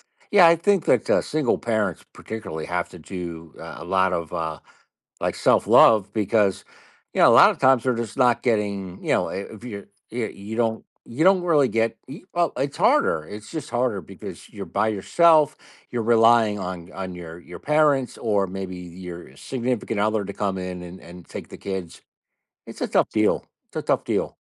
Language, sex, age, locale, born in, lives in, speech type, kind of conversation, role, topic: English, male, 50-54, en-US, United States, United States, conversation, podcast, host, What helps you create healthy boundaries between your work and personal life at home?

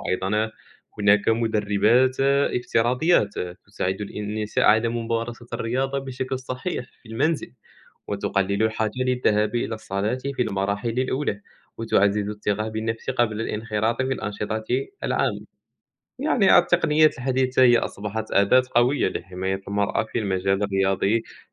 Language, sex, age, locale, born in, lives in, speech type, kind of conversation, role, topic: Arabic, male, 20-24, ar-MA, Morocco, France, conversation, unstructured, none, إزاي التكنولوجيا بتساعد في تشجيع مشاركة الستات في الرياضة؟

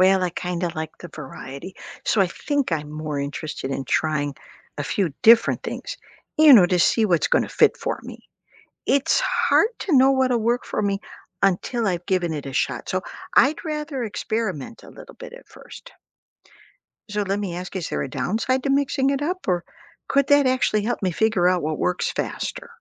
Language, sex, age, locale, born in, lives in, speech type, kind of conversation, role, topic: English, female, 60-64, en-US, United States, United States, read, expert-assistant, asker, How can I prioritize different spiritual practices when I’m short on time each day?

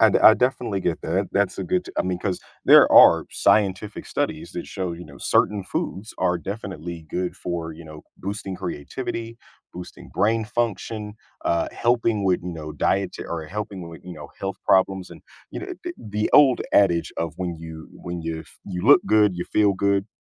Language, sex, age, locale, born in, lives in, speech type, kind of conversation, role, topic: English, male, 30-34, en-US, United States, United States, conversation, podcast, host, What are some practical habits that can help manage everyday stress?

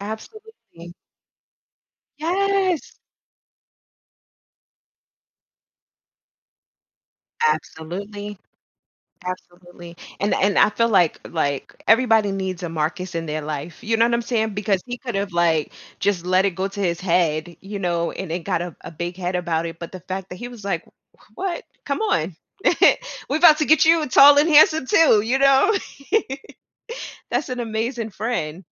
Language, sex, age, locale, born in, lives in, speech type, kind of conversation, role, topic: English, female, 35-39, en-US, United States, United States, conversation, podcast, host, What daily routines or practices have made the biggest difference in your personal development?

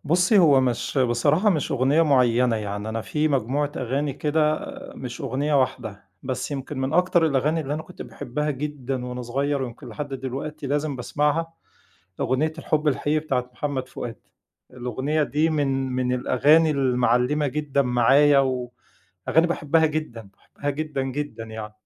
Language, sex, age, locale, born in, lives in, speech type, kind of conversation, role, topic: Arabic, male, 40-44, ar-EG, Egypt, Egypt, conversation, podcast, guest, إيه الأغنية اللي ترشّحها لأي حد يسمعها؟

